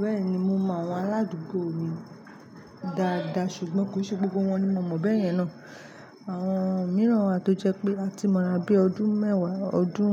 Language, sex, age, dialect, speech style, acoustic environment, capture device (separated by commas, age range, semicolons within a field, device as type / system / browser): Yoruba, female, 25-34, Nigeria - Lagos Yoruba, focused; relaxed, traffic; nature; appliances, mobile / Linux / Chrome